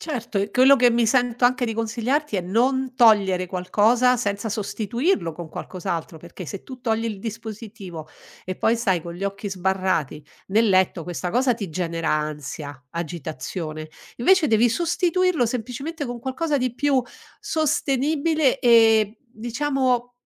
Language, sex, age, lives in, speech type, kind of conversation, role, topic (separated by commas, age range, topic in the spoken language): Italian, female, 60-64, Italy, conversation, advice, advisor, Come posso calmarmi e addormentarmi meglio quando mi sento agitato prima di dormire?